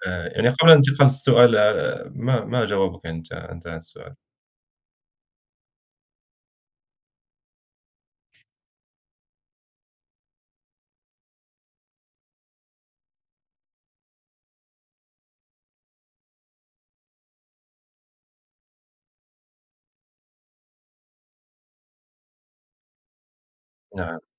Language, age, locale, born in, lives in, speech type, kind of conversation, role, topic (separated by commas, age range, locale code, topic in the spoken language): Arabic, 30-34, ar-MA, Morocco, France, conversation, unstructured, none, هل بتحس إن في تحيّز في تغطية الأخبار؟